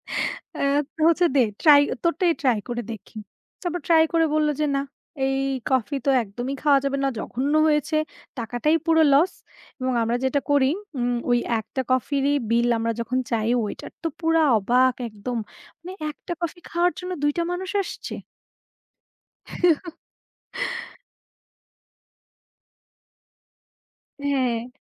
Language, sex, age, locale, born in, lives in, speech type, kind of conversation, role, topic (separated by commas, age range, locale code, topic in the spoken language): Bengali, female, 25-29, bn-BD, Bangladesh, Bangladesh, conversation, unstructured, none, আপনি সর্বশেষ কোন রেস্তোরাঁয় গিয়েছিলেন, আর আপনার অভিজ্ঞতা কেমন ছিল?